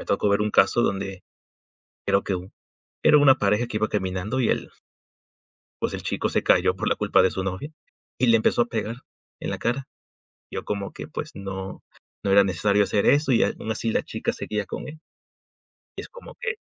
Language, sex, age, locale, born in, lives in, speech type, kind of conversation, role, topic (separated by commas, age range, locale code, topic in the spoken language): Spanish, male, 20-24, es-MX, Mexico, Mexico, conversation, unstructured, none, ¿Qué señales indican que una relación es tóxica?